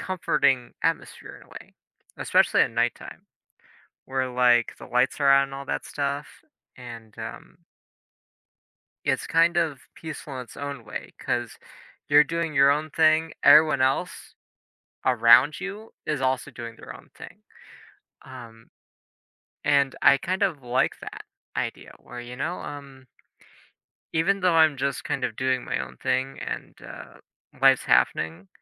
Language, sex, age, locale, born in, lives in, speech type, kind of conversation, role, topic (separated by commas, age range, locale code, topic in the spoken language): English, male, 20-24, en-US, United States, United States, conversation, advice, user, How can I identify and build more of the things that bring me peace into my daily life?